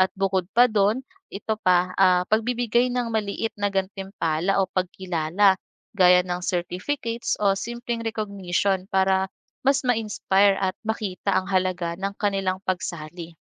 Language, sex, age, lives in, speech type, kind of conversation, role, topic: Filipino, female, 25-29, Philippines, read, expert-assistant, expert, Ano ang mga paraan ng pag-aalaga sa mga endangered species?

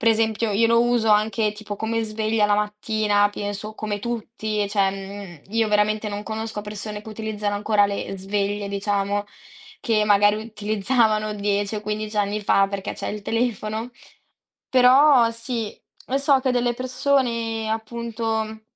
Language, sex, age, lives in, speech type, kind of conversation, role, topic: Italian, female, 18-19, Italy, conversation, podcast, guest, Come descriveresti il tuo rapporto con lo smartphone nella vita quotidiana?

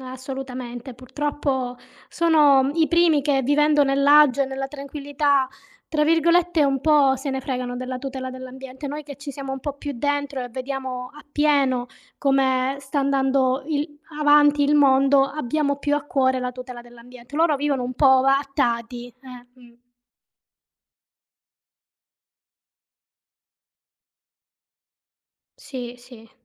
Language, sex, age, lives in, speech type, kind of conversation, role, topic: Italian, female, 35-39, Italy, conversation, unstructured, none, Che cosa ti fa arrabbiare nella gestione delle risorse naturali?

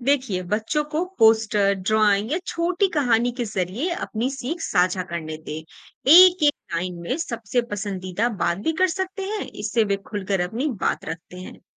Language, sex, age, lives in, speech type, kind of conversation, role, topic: Hindi, female, 25-29, India, read, expert-assistant, expert, अगर मैं अपने परिवार या दोस्तों के साथ शासन प्रणालियों पर चर्चा करना चाहूँ, तो कौन से आसान और दिलचस्प स्रोत देख सकता हूँ?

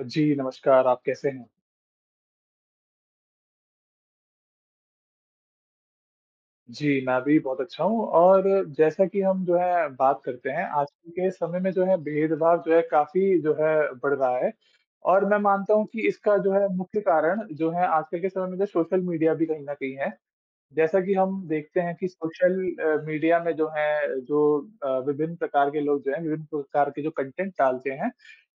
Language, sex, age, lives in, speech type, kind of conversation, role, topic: Hindi, male, 20-24, India, conversation, unstructured, none, आपको क्या लगता है कि हमारे समाज में भेदभाव क्यों बढ़ रहा है?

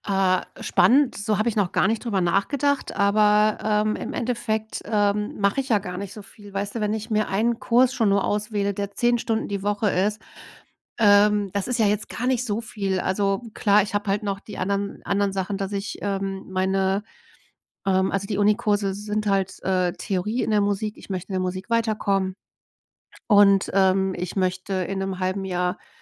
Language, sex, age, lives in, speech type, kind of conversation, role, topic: German, female, 40-44, Germany, conversation, advice, user, Wie kann ich länger fokussiert bleiben, wenn ich in Intervallen arbeite?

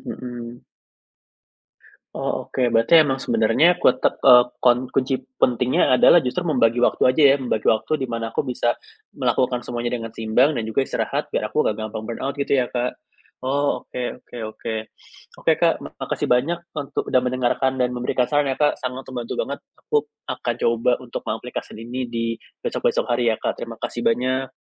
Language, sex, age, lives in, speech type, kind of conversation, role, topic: Indonesian, male, 25-29, Indonesia, conversation, advice, user, Bagaimana cara menyeimbangkan tugas rutin dengan waktu kreatif setiap hari?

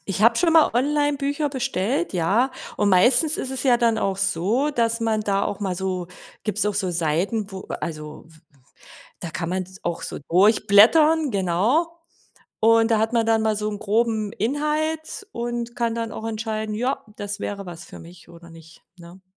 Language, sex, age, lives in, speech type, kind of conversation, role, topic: German, female, 40-44, Germany, conversation, advice, advisor, Was kann ich tun, wenn ich mir Sorgen um die körperliche und geistige Gesundheit meiner alten Eltern mache?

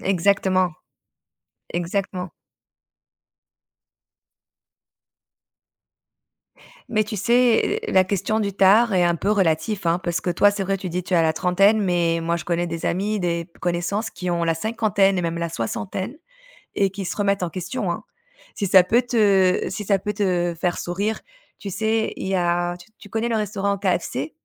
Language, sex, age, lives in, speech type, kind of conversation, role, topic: French, female, 35-39, Spain, conversation, advice, advisor, Comment le fait de te comparer aux autres t’a‑t‑il fait perdre confiance dans ta créativité ?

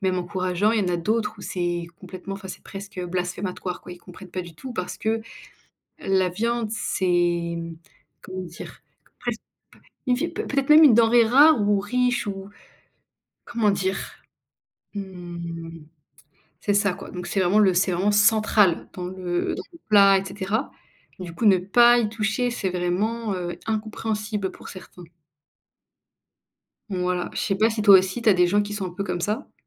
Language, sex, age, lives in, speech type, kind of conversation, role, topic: French, female, 25-29, France, conversation, unstructured, none, As-tu déjà refusé de goûter un plat par principe ?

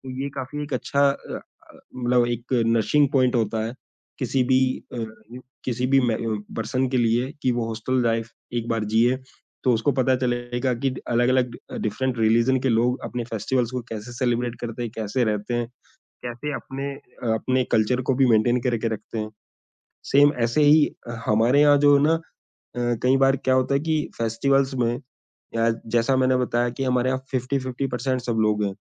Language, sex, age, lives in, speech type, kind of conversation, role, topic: Hindi, male, 35-39, India, conversation, podcast, guest, Diversity wali community mein unity kaise banaaye rakhte ho?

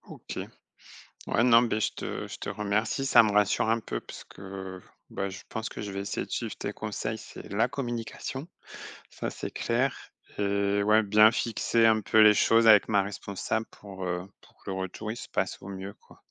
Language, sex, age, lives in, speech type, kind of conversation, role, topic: French, male, 35-39, France, conversation, advice, user, Comment s’est passé votre retour au travail après un long congé, et comment avez-vous géré les attentes ?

